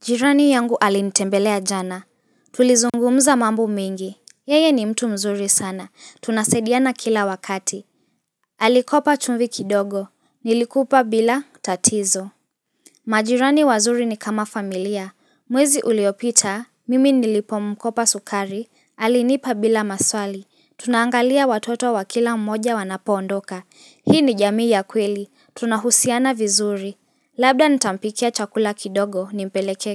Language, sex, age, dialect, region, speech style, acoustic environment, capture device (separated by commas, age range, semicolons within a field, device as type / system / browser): Swahili, female, 18-24, Kenya - Mombasa, Kenya, relaxed; focused, silence, mobile / Linux / Chrome